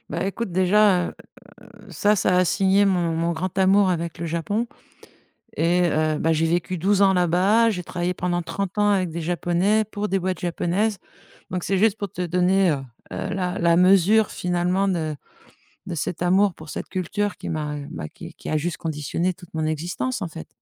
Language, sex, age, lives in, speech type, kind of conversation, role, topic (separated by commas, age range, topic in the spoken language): French, female, 50-54, France, conversation, podcast, guest, Peux-tu me raconter un voyage au cours duquel tu as découvert une culture très différente ?